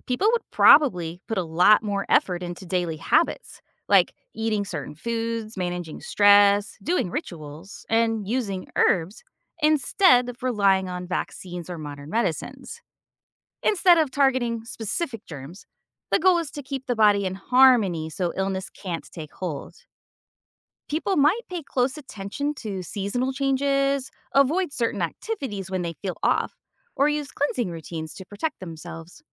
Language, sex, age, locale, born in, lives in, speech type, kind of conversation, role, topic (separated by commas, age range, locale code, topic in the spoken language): English, female, 45-49, en-US, United States, United States, read, expert-assistant, expert, How would relying on traditional healing change health beliefs?